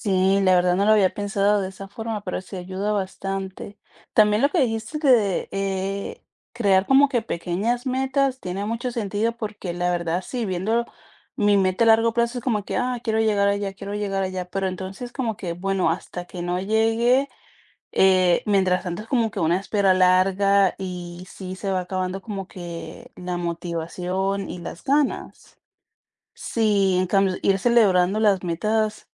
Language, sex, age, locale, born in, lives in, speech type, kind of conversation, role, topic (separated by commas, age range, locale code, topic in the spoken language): Spanish, female, 25-29, es-CO, Colombia, United States, conversation, advice, user, ¿Por qué pierdo la motivación después de lograr un avance pequeño?